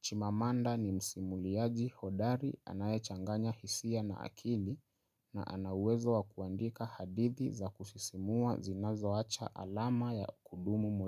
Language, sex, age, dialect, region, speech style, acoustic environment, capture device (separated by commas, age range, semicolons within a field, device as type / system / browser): Swahili, male, 25-34, Kenya - Nairobi Sheng-influenced, Kenya, relaxed; focused, silence; nature, mobile / Linux / Chrome